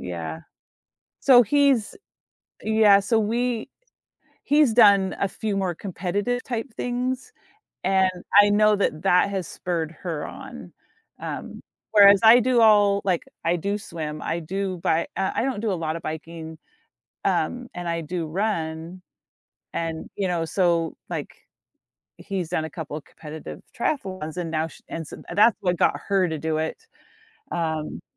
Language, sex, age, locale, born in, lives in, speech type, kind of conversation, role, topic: English, female, 50-54, en-US, United States, United States, conversation, podcast, guest, What habits or routines help you start your day on a positive note?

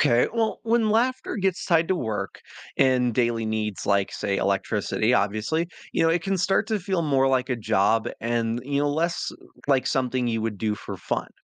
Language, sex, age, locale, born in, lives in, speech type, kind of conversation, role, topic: English, male, 20-24, en-US, United States, United States, read, expert-assistant, expert, Imagine if laughter could generate electricity—how would people use humor differently?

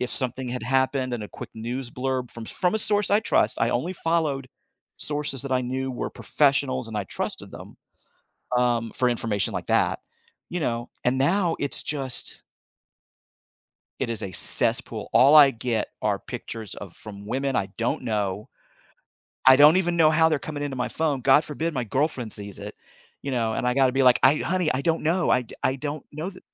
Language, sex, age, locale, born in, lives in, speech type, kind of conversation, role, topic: English, male, 55-59, en-US, United States, United States, conversation, podcast, guest, How has technology changed our daily communication?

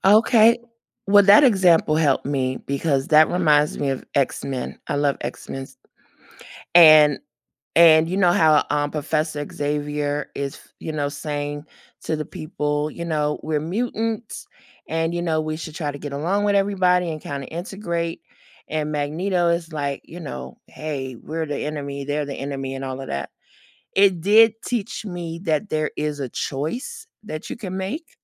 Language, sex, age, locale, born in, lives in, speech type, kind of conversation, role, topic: English, female, 45-49, en-US, United States, United States, conversation, podcast, guest, How do movies shape the way we see ourselves and the world around us?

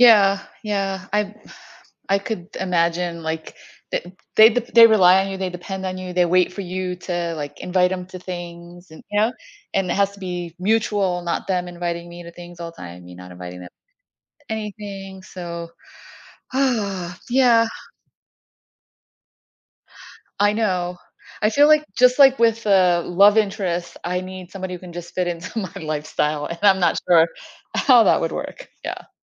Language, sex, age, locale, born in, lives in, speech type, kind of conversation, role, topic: English, female, 50-54, en-US, United States, United States, conversation, advice, user, How can I make meaningful friends as an adult?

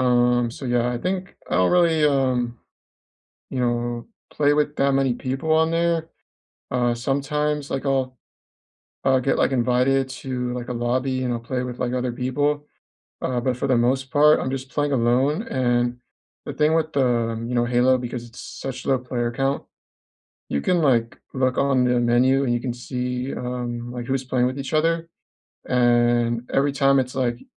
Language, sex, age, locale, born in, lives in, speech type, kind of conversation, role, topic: English, male, 25-29, en-US, United States, United States, conversation, unstructured, none, What hobbies have you been meaning to try this year?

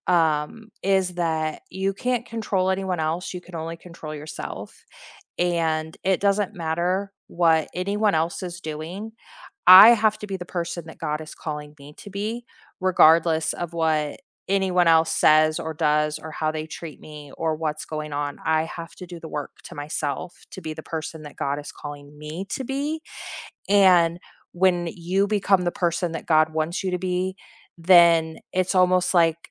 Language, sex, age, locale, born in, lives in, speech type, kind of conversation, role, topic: English, female, 40-44, en-US, United States, United States, conversation, unstructured, none, What is one small daily habit you have started recently that has quietly improved your days, and why?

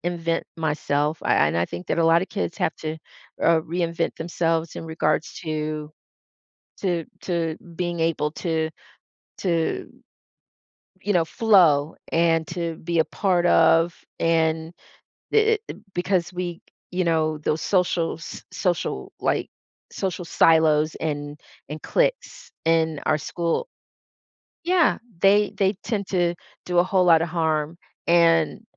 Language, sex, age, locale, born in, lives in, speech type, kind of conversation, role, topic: English, female, 55-59, en-US, United States, United States, conversation, podcast, host, What makes someone feel truly heard in a conversation?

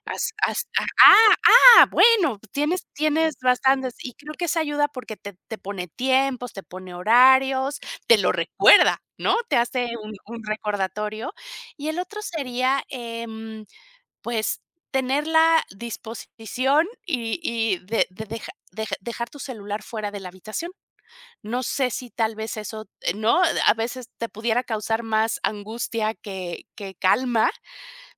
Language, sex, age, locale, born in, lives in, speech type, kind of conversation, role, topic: Spanish, female, 50-54, es-MX, Mexico, Mexico, conversation, advice, advisor, ¿Por qué te cuesta relajarte por la noche debido al uso de pantallas y redes sociales?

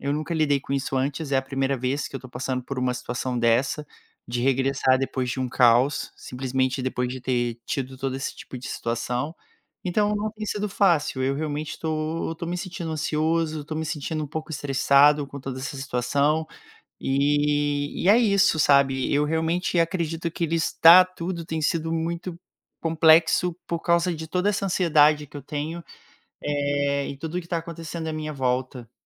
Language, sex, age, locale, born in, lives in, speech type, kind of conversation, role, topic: Portuguese, male, 30-34, pt-BR, Brazil, Portugal, conversation, advice, user, Como você pode lidar com o medo de tomar decisões importantes?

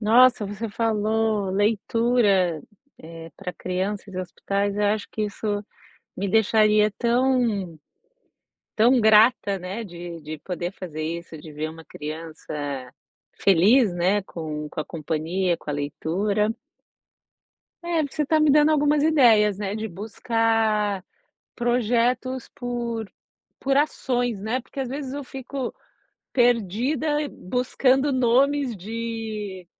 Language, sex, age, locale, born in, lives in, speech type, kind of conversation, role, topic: Portuguese, female, 45-49, pt-BR, Brazil, United States, conversation, advice, user, Como posso contribuir mais para a comunidade se não sei por onde começar?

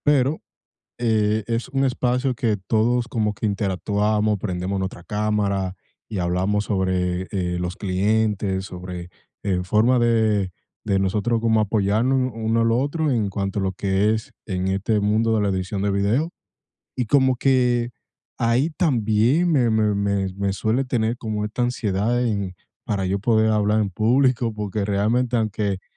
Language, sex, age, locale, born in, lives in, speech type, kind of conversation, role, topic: Spanish, male, 25-29, es-DO, United States, United States, conversation, advice, user, ¿Cómo puedo controlar la ansiedad al hablar en público?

